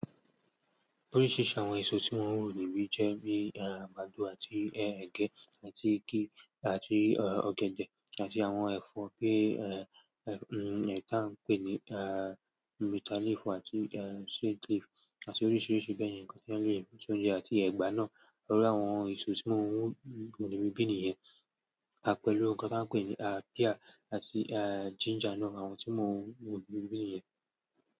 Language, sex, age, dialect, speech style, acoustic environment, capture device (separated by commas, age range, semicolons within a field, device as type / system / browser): Yoruba, male, 18-24, Nigeria - Lagos Yoruba, relaxed, silence, mobile / Android / Chrome